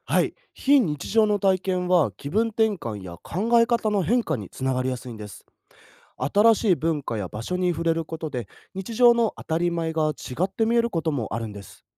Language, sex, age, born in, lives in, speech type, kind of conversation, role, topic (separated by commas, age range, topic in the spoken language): Japanese, male, 20-24, Japan, Japan, read, expert-assistant, expert, 旅行中に感じる「非日常」は、人生にどんな意味をもたらすと思いますか？